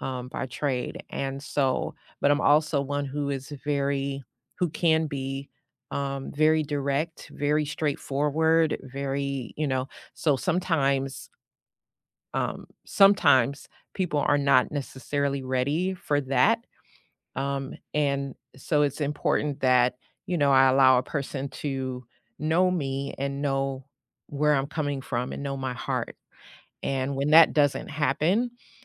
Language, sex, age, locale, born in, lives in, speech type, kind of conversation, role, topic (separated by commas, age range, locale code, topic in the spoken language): English, female, 50-54, en-US, United States, United States, conversation, unstructured, none, What is the best way to apologize when you are wrong?